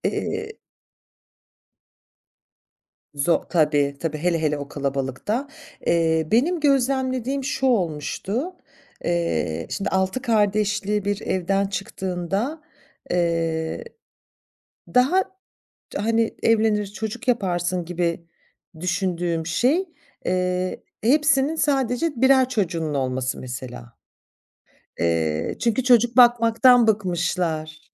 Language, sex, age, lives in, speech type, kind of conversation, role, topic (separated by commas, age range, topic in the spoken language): Turkish, female, 45-49, France, conversation, podcast, host, Aile yemekleri seni nasıl şekillendirdi, anlatır mısın?